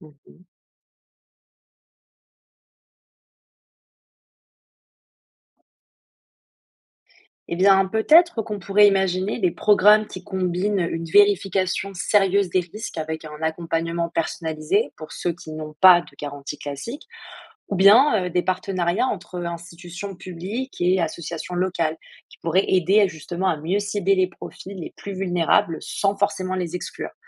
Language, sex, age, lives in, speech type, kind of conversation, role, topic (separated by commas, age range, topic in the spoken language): French, female, 20-24, France, read, expert-assistant, asker, Les microcrédits sont-ils vraiment efficaces pour soutenir les petits commerçants ?